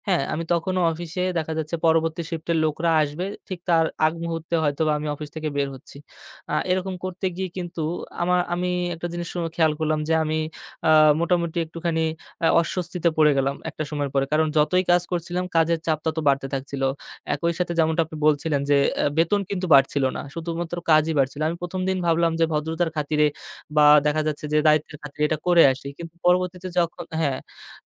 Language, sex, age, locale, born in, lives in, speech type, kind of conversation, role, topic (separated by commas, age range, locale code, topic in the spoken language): Bengali, male, 25-29, bn-BD, Bangladesh, Bangladesh, conversation, podcast, guest, কাজের চাপ বেড়ে গেলে কীভাবে নম্রভাবে ‘না’ বলতে শিখবেন?